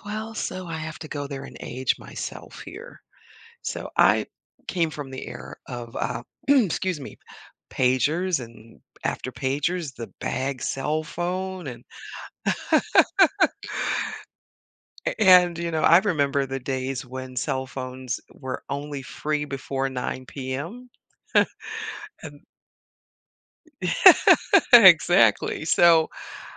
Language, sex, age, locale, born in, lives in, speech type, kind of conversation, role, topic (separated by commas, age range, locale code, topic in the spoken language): English, female, 60-64, en-US, United States, United States, conversation, podcast, guest, How has technology changed your daily routine?